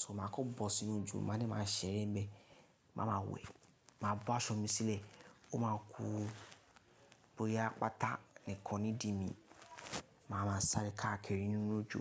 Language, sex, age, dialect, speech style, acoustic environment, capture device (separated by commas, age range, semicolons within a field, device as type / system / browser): Yoruba, male, 18-24, Nigeria - Lagos Yoruba, relaxed, silence, mobile / Linux / Chrome